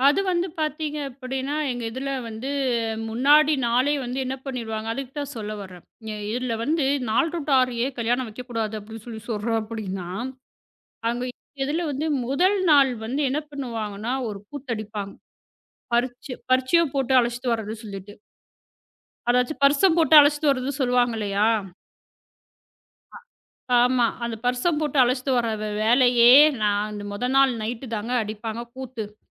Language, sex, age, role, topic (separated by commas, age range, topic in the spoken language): Tamil, female, 35-39, guest, உங்கள் கல்யாண நாள் நினைவுகளைப் பகிர்ந்து சொல்லலாமா?